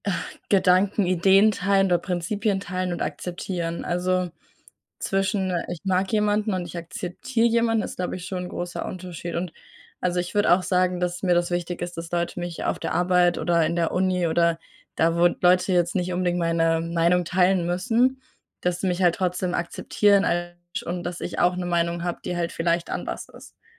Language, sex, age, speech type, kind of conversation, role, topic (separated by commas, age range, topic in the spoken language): German, female, 20-24, conversation, unstructured, none, Wie wichtig ist es dir, dass andere dich so akzeptieren, wie du bist?